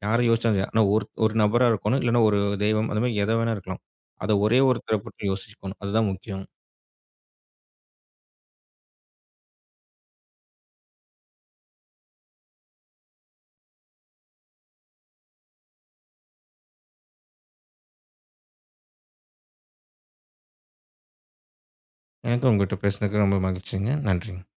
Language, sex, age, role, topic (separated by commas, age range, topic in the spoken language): Tamil, male, 35-39, guest, அறையில் தியானம் அல்லது ஓய்வுப் பகுதியை அமைக்க நீங்கள் என்ன முறையைப் பின்பற்றுகிறீர்கள்?